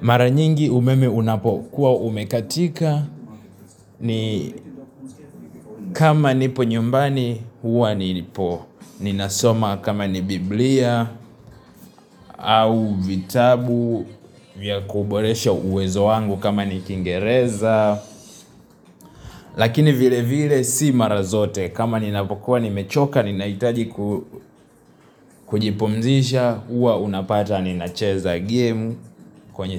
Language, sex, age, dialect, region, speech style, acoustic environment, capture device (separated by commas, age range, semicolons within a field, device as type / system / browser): Swahili, male, 18-24, Tanzania - Dar es Salaam, Tanzania, happy; relaxed, music, mobile / Linux / Chrome